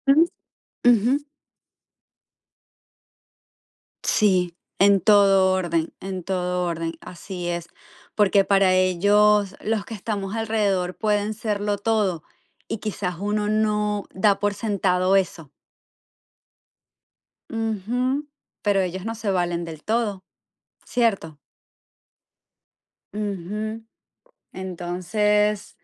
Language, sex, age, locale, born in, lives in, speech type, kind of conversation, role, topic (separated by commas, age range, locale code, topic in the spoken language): Spanish, female, 45-49, es-VE, Venezuela, United States, conversation, unstructured, none, ¿Has sentido que una noticia positiva te ayudó a superar un mal día?